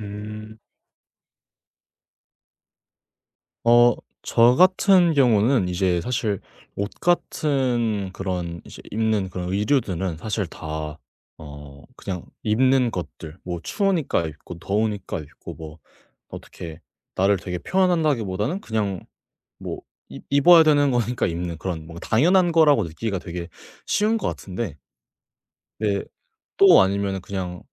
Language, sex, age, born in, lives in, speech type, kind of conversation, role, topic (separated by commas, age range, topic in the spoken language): Korean, male, 18-19, South Korea, Japan, conversation, podcast, guest, 옷을 통해 자신을 어떻게 보여 주고 싶으신가요?